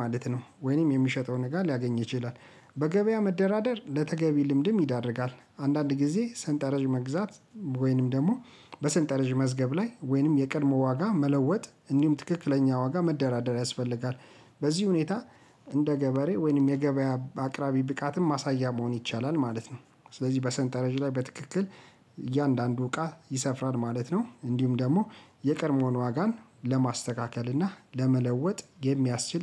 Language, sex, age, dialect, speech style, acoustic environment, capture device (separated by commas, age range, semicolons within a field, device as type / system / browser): Amharic, male, 35-44, Ethiopia - Addis Ababa, relaxed, silence, mobile / Linux / Chrome